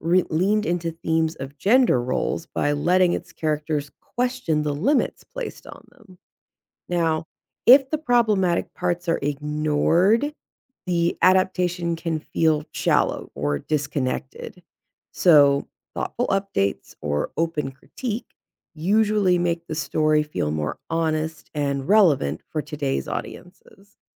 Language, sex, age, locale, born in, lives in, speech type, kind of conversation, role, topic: English, female, 35-39, en-US, United States, United States, read, expert-assistant, expert, How can classic literature be reimagined for modern audiences?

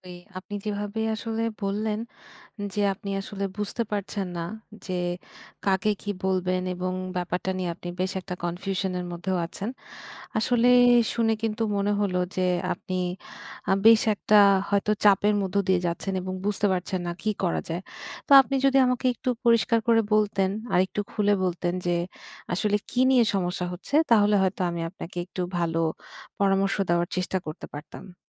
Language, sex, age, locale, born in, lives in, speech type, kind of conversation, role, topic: Bengali, female, 30-34, bn-BD, Bangladesh, Bangladesh, conversation, advice, advisor, আমি কীভাবে আমার ব্যবসার বাজেট ও খরচ নিয়ন্ত্রণ আরও ভালোভাবে করতে পারি?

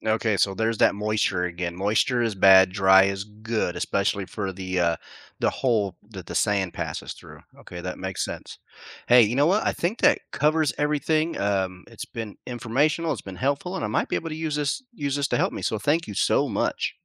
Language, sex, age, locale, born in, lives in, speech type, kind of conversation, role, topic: English, male, 45-49, en-US, United States, United States, read, expert-assistant, asker, How can you make an hourglass with plastic bottles?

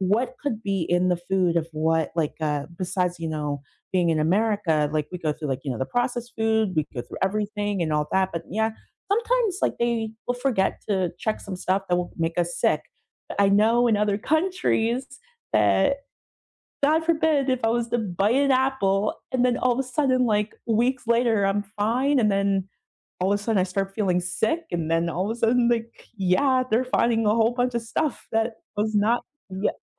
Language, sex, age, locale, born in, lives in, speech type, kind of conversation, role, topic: English, female, 35-39, en-US, United States, United States, conversation, unstructured, none, What should I do to make the food I eat safer?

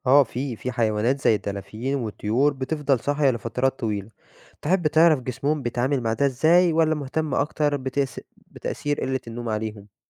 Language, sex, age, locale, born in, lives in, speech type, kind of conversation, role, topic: Arabic, male, 20-24, ar-EG, Egypt, Egypt, read, expert-assistant, expert, تخيل لو الإنسان كان يقدر يعيش من غير نوم خالص، إزاي ده كان هيأثر على الجسم والعقل؟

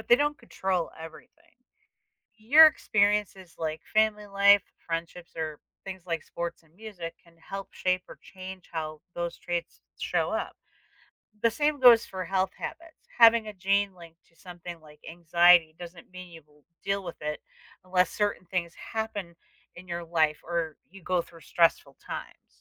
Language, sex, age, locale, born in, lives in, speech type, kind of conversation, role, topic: English, female, 50-54, en-US, United States, United States, read, expert-assistant, expert, What is DNA and how does it determine our traits?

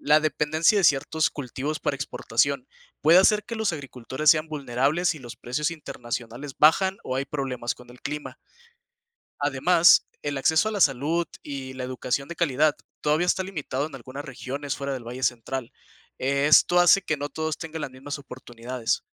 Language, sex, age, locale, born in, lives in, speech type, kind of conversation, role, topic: Spanish, male, 20-24, es-MX, Mexico, Mexico, read, expert-assistant, expert, Describe el impacto del turismo en la economía de una región.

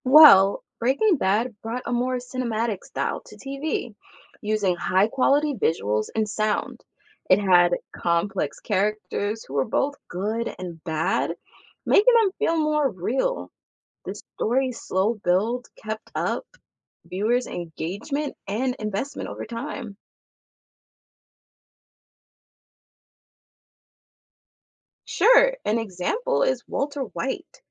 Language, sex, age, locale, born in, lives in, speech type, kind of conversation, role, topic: English, female, 25-29, en-US, United States, United States, read, expert-assistant, expert, How did Breaking Bad change TV dramas?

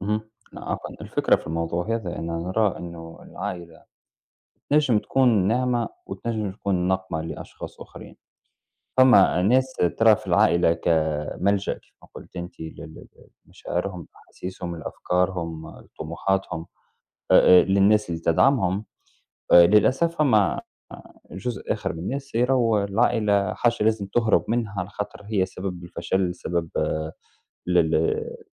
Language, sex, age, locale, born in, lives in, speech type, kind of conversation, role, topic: Arabic, male, 30-34, ar-TN, Tunisia, United States, conversation, unstructured, none, إزاي الخلافات العائلية بتأثر على علاقاتنا اليومية؟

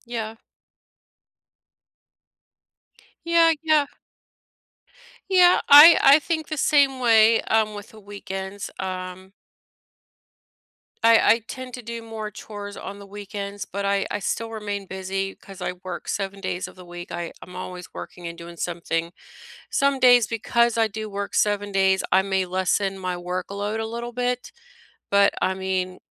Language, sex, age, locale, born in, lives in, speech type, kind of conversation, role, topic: English, female, 40-44, en-US, United States, United States, conversation, unstructured, none, What are you most looking forward to this weekend?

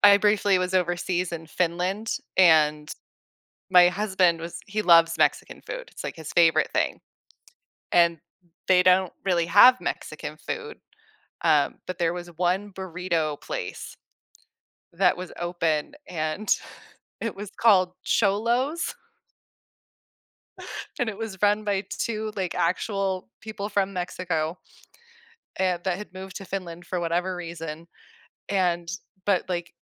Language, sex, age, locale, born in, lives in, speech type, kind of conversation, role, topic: English, female, 35-39, en-US, United States, United States, conversation, podcast, host, How does exploring new foods enhance your travel experiences and connections with local culture?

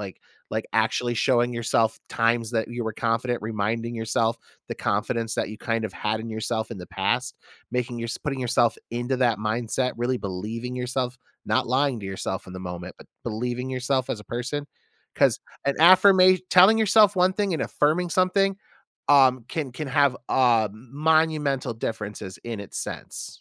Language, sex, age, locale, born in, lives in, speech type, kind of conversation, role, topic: English, male, 35-39, en-US, United States, United States, conversation, advice, advisor, How can I stop negative self-talk and build lasting confidence?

